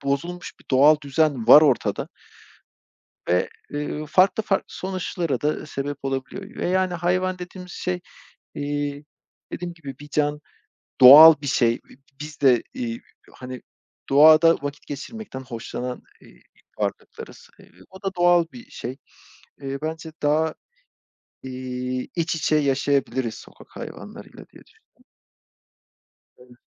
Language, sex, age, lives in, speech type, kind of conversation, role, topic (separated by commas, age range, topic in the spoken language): Turkish, male, 35-39, Poland, conversation, unstructured, none, Sokak hayvanlarına yardım etmek hakkında ne düşünüyorsun?